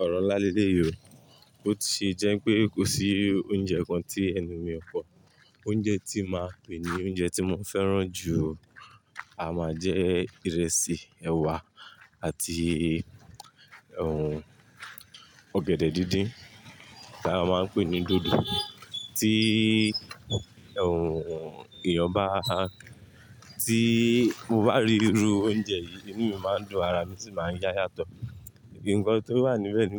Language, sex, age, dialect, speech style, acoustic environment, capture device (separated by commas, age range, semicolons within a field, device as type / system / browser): Yoruba, male, 25-34, Nigeria - Lagos Yoruba, relaxed, traffic; people talking, mobile / Linux / Chrome